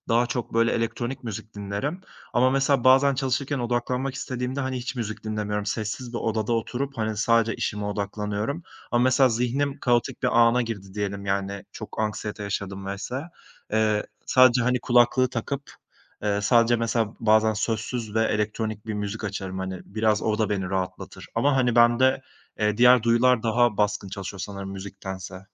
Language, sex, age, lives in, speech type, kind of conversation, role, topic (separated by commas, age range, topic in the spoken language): Turkish, male, 25-29, Italy, conversation, podcast, guest, Zihnini susturup çalışmaya başlamanın püf noktaları neler?